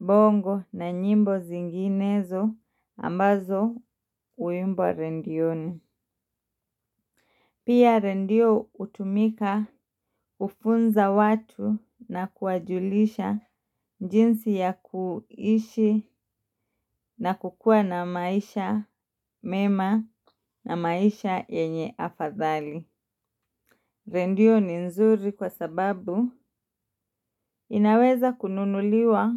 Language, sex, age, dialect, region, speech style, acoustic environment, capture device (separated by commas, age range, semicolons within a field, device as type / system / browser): Swahili, female, 25-34, Kenya - Mombasa, Kenya, focused, silence, mobile / Linux / Chrome